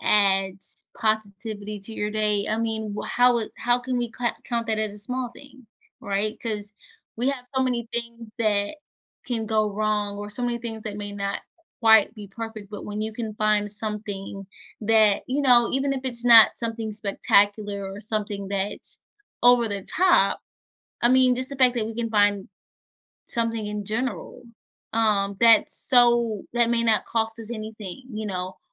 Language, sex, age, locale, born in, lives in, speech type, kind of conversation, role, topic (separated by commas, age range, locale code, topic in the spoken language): English, female, 25-29, en-US, United States, United States, conversation, podcast, host, How do small everyday moments contribute to your overall happiness?